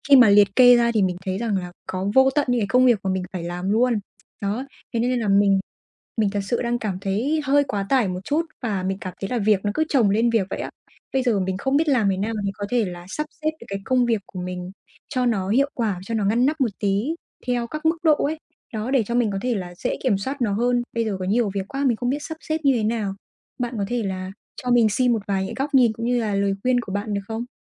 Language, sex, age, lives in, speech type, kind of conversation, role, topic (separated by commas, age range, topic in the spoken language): Vietnamese, female, 20-24, Vietnam, conversation, advice, user, Làm sao để ưu tiên công việc theo mức độ quan trọng?